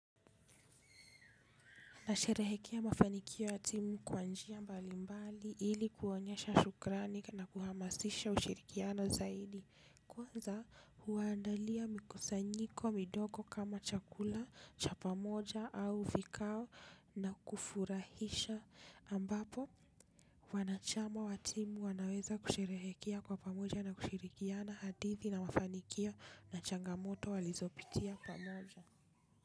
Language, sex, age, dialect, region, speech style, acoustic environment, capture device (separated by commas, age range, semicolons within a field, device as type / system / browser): Swahili, female, 25-34, Kenya - Nairobi Sheng-influenced, Kenya, happy; relaxed; focused, people talking; traffic; construction, desktop / Linux / Chrome